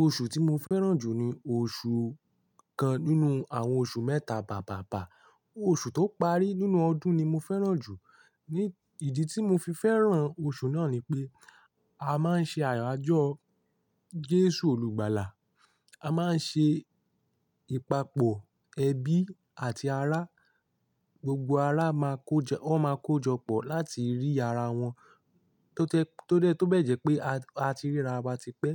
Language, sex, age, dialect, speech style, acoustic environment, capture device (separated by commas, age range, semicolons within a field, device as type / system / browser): Yoruba, male, 18-24, Nigeria - Ibadan, relaxed, nature, mobile / Linux / Chrome